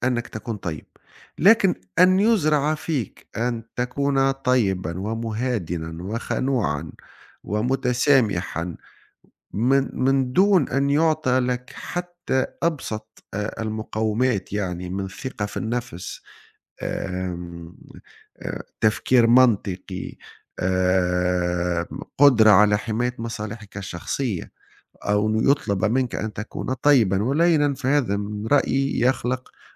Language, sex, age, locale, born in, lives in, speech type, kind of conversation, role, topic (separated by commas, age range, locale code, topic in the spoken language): Arabic, male, 40-44, ar-TN, Tunisia, Italy, conversation, unstructured, none, هل إنت شايف إن الناس بتتولد طيبين ولا بيتعلموا الطيبة؟